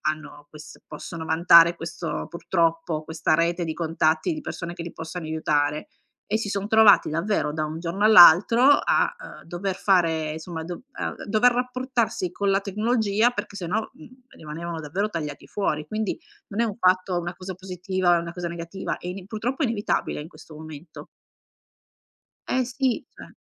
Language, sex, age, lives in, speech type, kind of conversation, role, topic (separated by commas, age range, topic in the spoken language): Italian, female, 45-49, Italy, conversation, podcast, guest, Come gestiscono le famiglie l’uso della tecnologia nel rapporto tra nonni e nipoti?